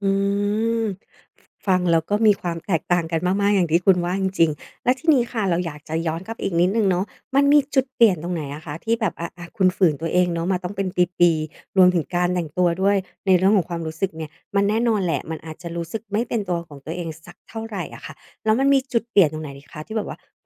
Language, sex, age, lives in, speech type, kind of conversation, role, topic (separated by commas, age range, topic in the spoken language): Thai, female, 40-44, Thailand, conversation, podcast, host, เล่าประสบการณ์อะไรที่ทำให้คุณได้ค้นพบตัวเอง?